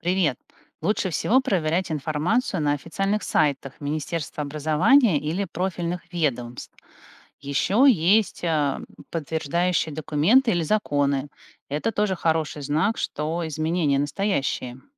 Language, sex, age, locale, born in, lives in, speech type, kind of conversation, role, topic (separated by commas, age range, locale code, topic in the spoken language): Russian, female, 45-49, ru-RU, Russia, United States, read, expert-assistant, expert, Как понять, стоит ли доверять информации о новых школьных реформах, которую обсуждают в новостях?